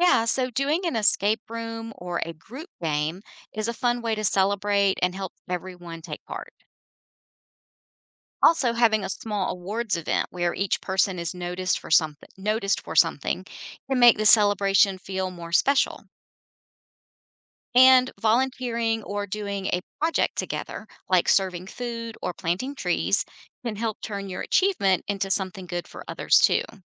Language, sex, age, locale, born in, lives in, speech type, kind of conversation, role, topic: English, female, 50-54, en-US, United States, United States, read, expert-assistant, expert, What are some ways to celebrate your achievements without bragging?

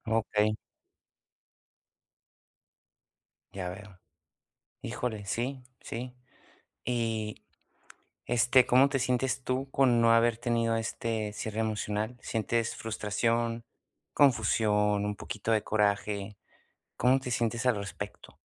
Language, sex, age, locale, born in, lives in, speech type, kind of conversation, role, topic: Spanish, male, 30-34, es-US, United States, United States, conversation, advice, advisor, ¿Cómo puedo encontrar cierre emocional después de una ruptura reciente?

